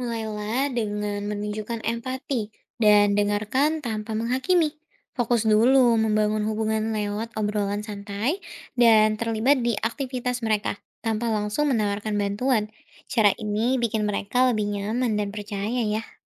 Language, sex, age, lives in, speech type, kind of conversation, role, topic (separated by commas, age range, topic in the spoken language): Indonesian, female, 20-24, Indonesia, read, expert-assistant, expert, Bagaimana Cara Membangun Kepercayaan dan Keterlibatan Keluarga dalam Komunitas?